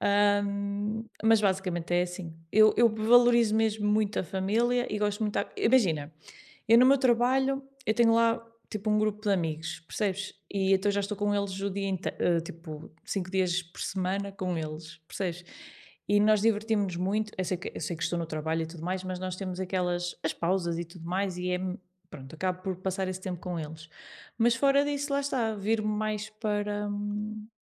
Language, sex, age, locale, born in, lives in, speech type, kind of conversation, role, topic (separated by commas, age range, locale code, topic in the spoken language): Portuguese, female, 30-34, pt-PT, Portugal, Portugal, conversation, unstructured, none, Você prefere passar mais tempo com a família ou com os amigos? Por quê?